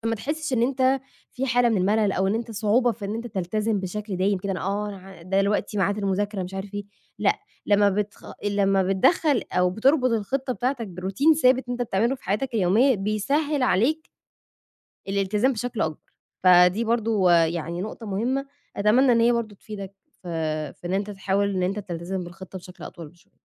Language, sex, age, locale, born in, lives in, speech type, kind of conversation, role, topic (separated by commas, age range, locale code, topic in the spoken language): Arabic, female, 25-29, ar-EG, Egypt, Egypt, conversation, advice, advisor, إزاي أقدر ألتزم بخطة طويلة المدى وأفضل مكمل من غير ما أفقد الدافع؟